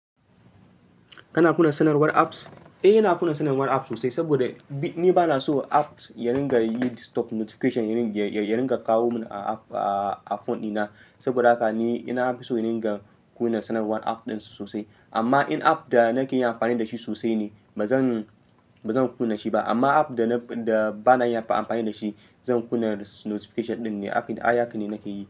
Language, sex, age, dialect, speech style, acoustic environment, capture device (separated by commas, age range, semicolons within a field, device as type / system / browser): Hausa, male, 25-34, Nigeria - Ibadan, focused, nature, mobile / Linux / Chrome